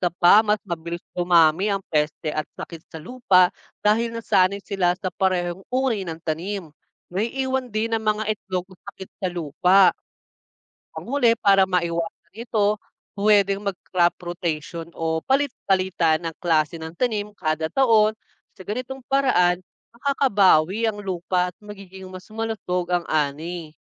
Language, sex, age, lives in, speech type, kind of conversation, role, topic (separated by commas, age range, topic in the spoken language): Filipino, male, 25-29, Philippines, read, expert-assistant, expert, Ano ang epekto ng sobrang paggamit ng kemikal sa sakahan?